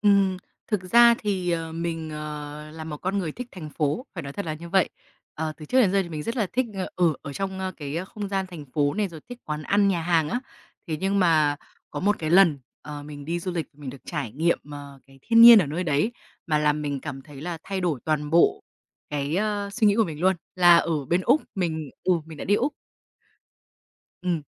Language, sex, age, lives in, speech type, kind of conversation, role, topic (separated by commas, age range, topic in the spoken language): Vietnamese, female, 25-29, Vietnam, conversation, podcast, guest, Ký ức đáng nhớ nhất của bạn về thiên nhiên là gì?